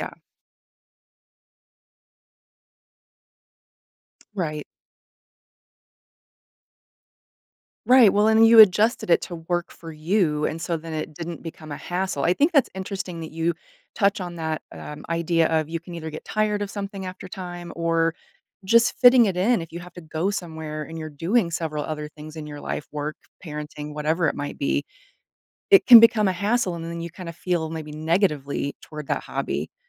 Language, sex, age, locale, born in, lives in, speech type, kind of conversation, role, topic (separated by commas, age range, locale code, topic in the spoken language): English, female, 40-44, en-US, United States, United States, conversation, podcast, host, In what ways can exploring new hobbies support emotional well-being?